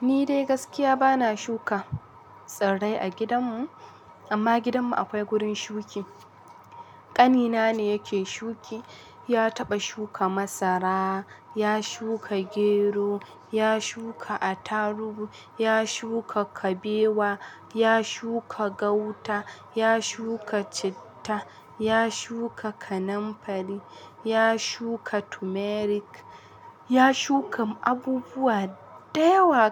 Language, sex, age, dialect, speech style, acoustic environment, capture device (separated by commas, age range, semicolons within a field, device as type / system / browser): Hausa, female, 18-24, Nigeria - Kano, happy, construction, mobile / Linux / Chrome